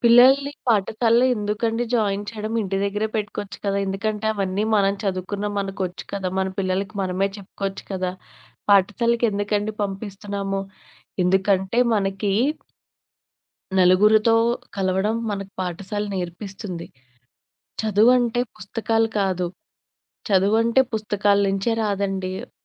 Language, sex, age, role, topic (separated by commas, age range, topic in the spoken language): Telugu, female, 25-29, guest, మద్దతు అడగడం నేర్చుకోవడంలో మీరు ఎదుర్కొన్న అతిపెద్ద కష్టం ఏమిటి?